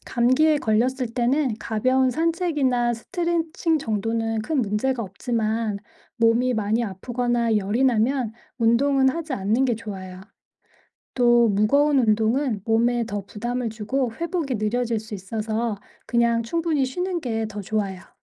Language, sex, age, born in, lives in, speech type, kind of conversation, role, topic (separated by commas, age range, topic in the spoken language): Korean, female, 45-49, South Korea, United States, read, expert-assistant, expert, 사람은 왜 감기에 걸리나요?